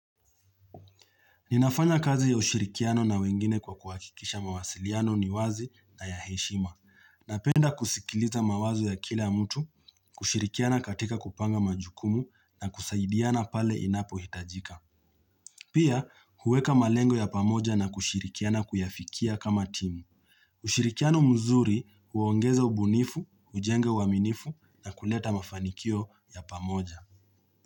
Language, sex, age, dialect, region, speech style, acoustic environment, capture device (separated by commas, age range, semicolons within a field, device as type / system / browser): Swahili, male, 25-34, Kenya - Nairobi Sheng-influenced, Kenya, happy; relaxed; focused, nature; silence, mobile / Linux / Chrome